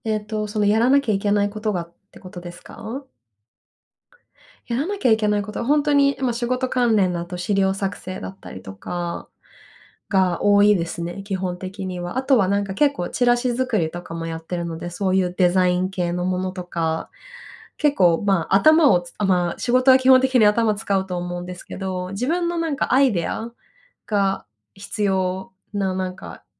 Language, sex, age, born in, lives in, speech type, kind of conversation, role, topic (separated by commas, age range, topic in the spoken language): Japanese, female, 30-34, Japan, Japan, conversation, advice, user, 集中が短時間しか続かず仕事が終わらない